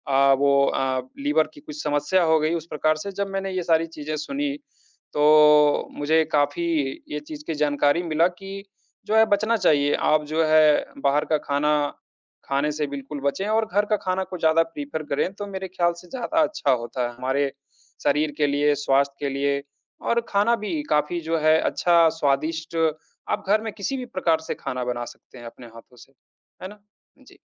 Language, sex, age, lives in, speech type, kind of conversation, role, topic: Hindi, male, 30-34, India, conversation, unstructured, none, क्या आपको कभी ऐसा खाना मिला है जिसने आपकी सोच बदल दी हो?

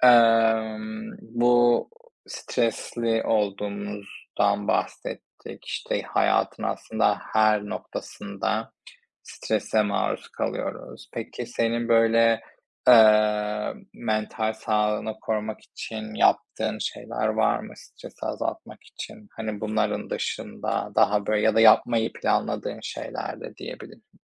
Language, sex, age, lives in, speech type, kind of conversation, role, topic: Turkish, male, 25-29, Spain, conversation, unstructured, none, Stresle başa çıkmanın en etkili yolları nelerdir?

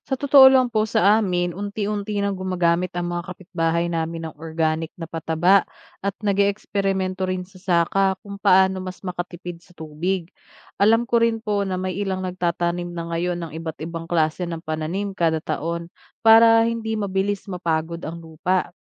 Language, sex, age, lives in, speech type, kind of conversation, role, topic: Filipino, female, 30-34, Philippines, read, expert-assistant, asker, Ano ang mga dahilan kung bakit mahalaga ang pag-aaral ng agham?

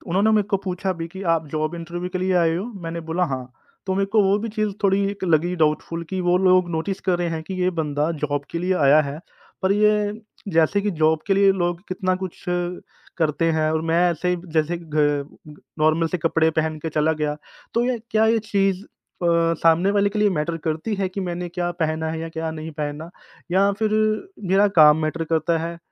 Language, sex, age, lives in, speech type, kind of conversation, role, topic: Hindi, male, 30-34, India, conversation, advice, user, पहली मुलाकात में खुद को छोटा महसूस करना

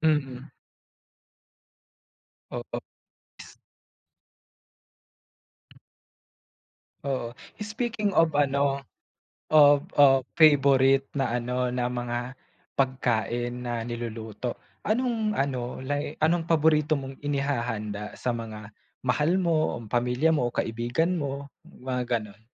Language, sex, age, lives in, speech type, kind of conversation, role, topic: Filipino, male, 25-29, Philippines, conversation, unstructured, none, Paano mo ipinapakita ang pagmamahal sa pamamagitan ng pagkain?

